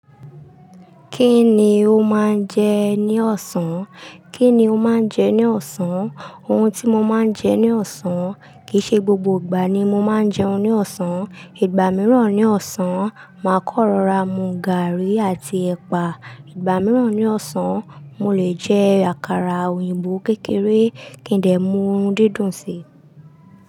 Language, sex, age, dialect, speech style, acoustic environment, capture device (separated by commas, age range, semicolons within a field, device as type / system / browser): Yoruba, female, 25-34, Nigeria - Lagos Yoruba, relaxed, silence; music, desktop / Linux / Chrome